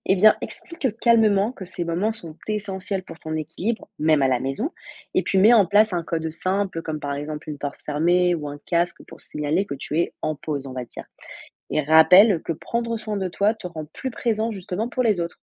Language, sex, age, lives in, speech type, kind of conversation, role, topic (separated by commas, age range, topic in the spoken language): French, female, 20-24, France, read, expert-assistant, expert, Comment organiser ses priorités quand on doit tout gérer soi-même au début d’un projet entrepreneurial ?